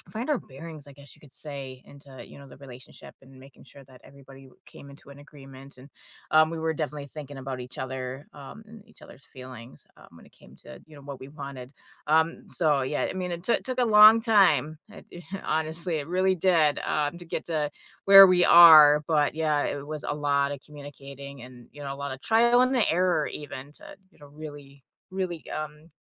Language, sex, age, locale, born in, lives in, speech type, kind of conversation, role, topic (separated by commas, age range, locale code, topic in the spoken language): English, female, 35-39, en-US, United States, United States, conversation, unstructured, none, How do you balance independence and togetherness over time in your relationships?